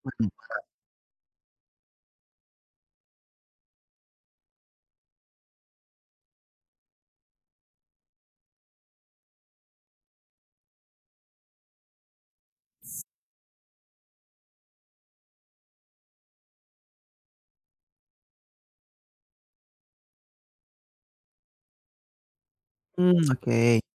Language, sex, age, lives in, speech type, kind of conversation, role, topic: Indonesian, male, 30-34, Indonesia, conversation, advice, advisor, Kenapa saya tidak bisa menabung meski penghasilan terasa cukup?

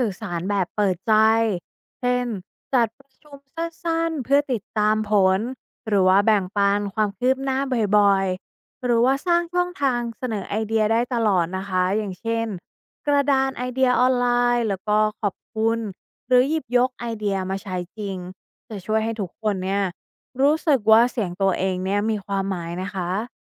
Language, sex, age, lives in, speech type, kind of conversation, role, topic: Thai, female, 35-39, Thailand, read, expert-assistant, expert, ถ้าเราอยากให้คนรอบตัวกล้าคิดต่างมากขึ้น มีแนวทางอะไรที่ทำได้บ้าง?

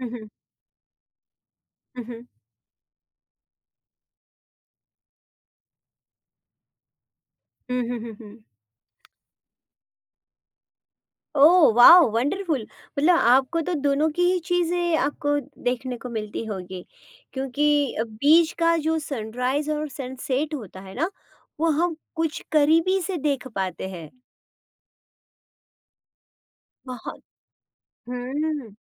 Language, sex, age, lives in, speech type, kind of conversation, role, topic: Hindi, female, 40-44, India, conversation, podcast, host, Kya tumhe lagta hai ki nature tumhara stress kam karta hai, aur kyun?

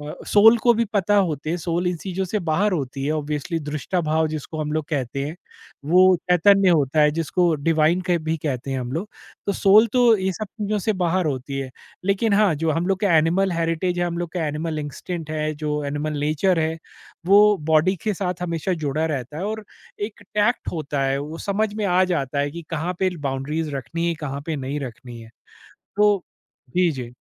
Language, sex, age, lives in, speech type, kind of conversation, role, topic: Hindi, male, 40-44, India, conversation, podcast, host, Apni alag pehchaan waali aawaaz kaise dhoondte ho, seedhe shabdon mein bataoge?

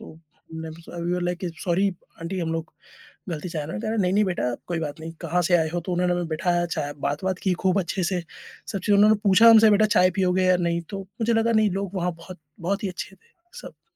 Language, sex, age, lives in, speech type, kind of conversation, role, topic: Hindi, male, 30-34, India, conversation, podcast, guest, Kaunsa aisa pal tha jab travel ne tumhari curiosity badha di?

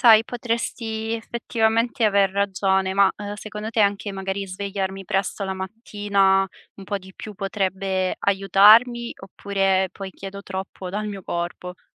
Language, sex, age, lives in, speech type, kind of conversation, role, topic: Italian, female, 25-29, Italy, conversation, advice, user, Come posso smettere di procrastinare su un compito importante?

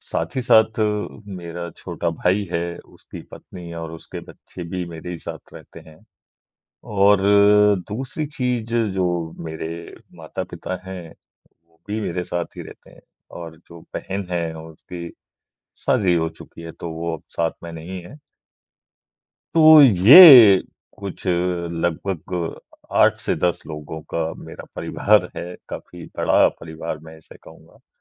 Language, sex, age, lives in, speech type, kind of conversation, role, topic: Hindi, male, 40-44, India, conversation, advice, user, घर ढूँढने और सही पड़ोस चुनने से आपको कितना तनाव होता है?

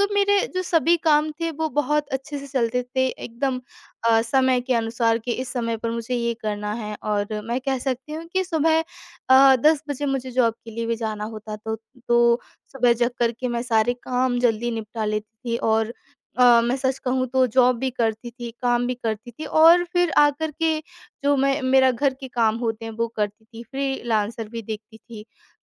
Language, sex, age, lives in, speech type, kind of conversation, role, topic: Hindi, female, 25-29, India, conversation, advice, user, तनाव कम करने के लिए रोज़ ध्यान की आदत डालना मुश्किल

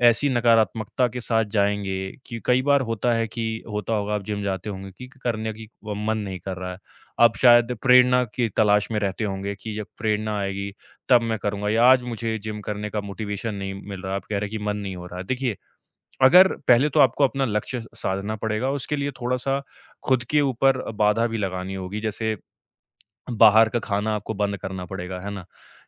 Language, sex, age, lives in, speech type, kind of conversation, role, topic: Hindi, male, 25-29, India, conversation, advice, advisor, नई स्वस्थ आदत शुरू करने के लिए प्रेरणा की कमी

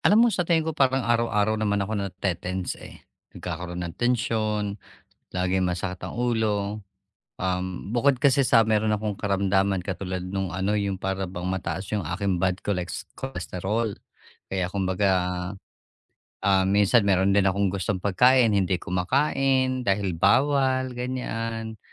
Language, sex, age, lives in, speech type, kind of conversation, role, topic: Filipino, male, 45-49, Philippines, conversation, advice, user, Paano ko mababawasan ang tensyon na dulot ng araw-araw na stress?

